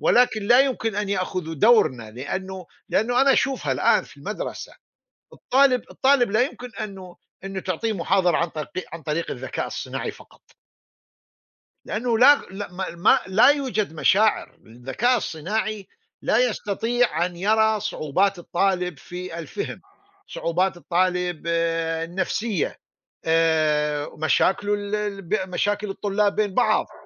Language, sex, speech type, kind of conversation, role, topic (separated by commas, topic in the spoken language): Arabic, male, conversation, unstructured, none, إزاي الاكتشافات العلمية بتأثر على حياتنا اليومية؟